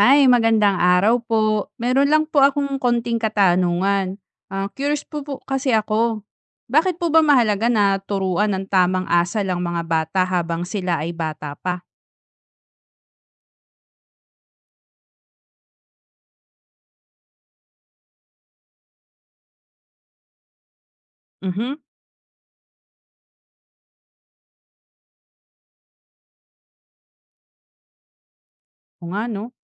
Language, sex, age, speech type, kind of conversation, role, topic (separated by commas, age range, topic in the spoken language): Filipino, female, 35-39, read, expert-assistant, asker, Paano makakatulong ang pagtuturo ng tamang asal sa mga bata?